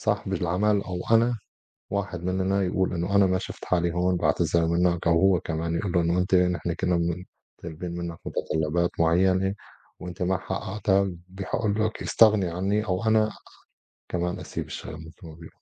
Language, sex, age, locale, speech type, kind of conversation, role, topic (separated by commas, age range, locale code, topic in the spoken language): Arabic, male, 35-39, ar-SY, conversation, unstructured, none, إزاي تختار الشغل اللي يناسبك؟